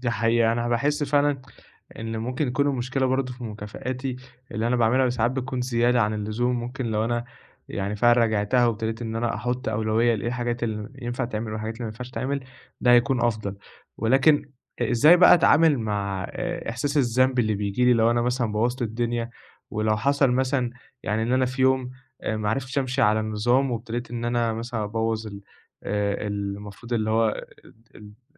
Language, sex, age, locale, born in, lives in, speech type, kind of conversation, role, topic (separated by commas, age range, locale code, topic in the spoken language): Arabic, male, 20-24, ar-EG, Egypt, Egypt, conversation, advice, user, إزاي بتحسّ بالذنب بعد ما تفقد السيطرة على نفسك وتدي لنفسك مكافآت من غير سبب؟